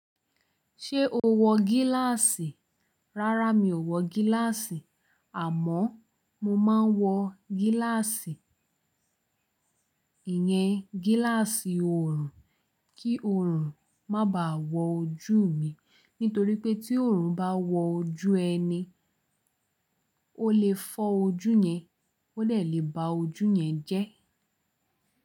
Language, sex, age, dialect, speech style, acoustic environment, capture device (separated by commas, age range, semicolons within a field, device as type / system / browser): Yoruba, female, 18-24, Nigeria - Lagos Yoruba, relaxed; focused, nature, mobile / Linux / Chrome